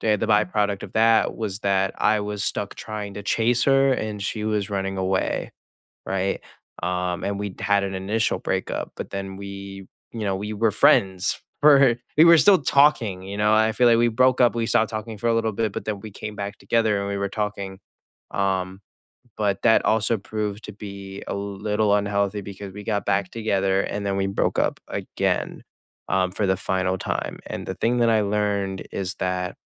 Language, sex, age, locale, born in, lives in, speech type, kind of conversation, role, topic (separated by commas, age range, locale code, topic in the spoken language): English, male, 18-19, en-US, United States, United States, conversation, unstructured, none, Would you keep an ex as a friend?